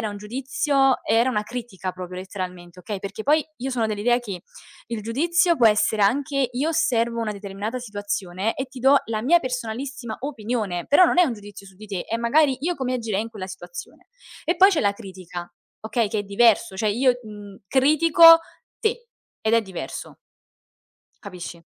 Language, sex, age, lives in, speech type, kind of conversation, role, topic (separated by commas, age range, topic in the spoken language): Italian, female, 20-24, Italy, conversation, unstructured, none, Ti sei mai sentito giudicato per chi sei davvero?